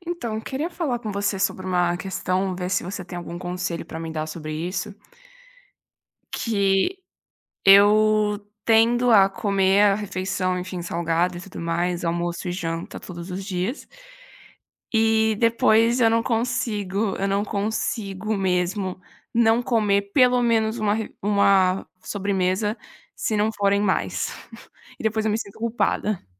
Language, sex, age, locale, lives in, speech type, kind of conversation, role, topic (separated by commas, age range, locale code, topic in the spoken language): Portuguese, female, 20-24, pt-BR, Italy, conversation, advice, user, Como posso lidar com a culpa depois de comer fast food ou sobremesa?